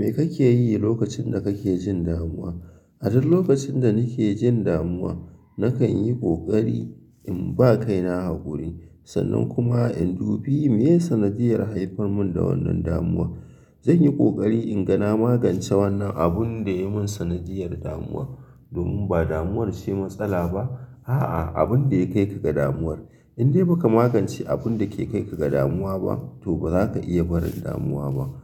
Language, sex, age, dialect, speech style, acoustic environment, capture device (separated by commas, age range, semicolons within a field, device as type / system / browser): Hausa, male, 25-34, Nigeria - Kano, happy, people talking; silence, mobile / Linux / Chrome